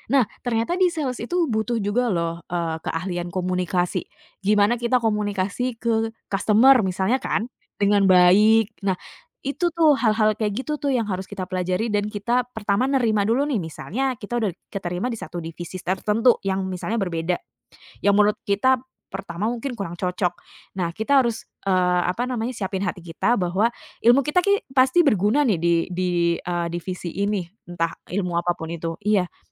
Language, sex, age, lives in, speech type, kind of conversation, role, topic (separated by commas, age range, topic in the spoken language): Indonesian, female, 30-34, Indonesia, conversation, podcast, guest, Apa tipsmu untuk mencari pekerjaan yang paling cocok buatmu?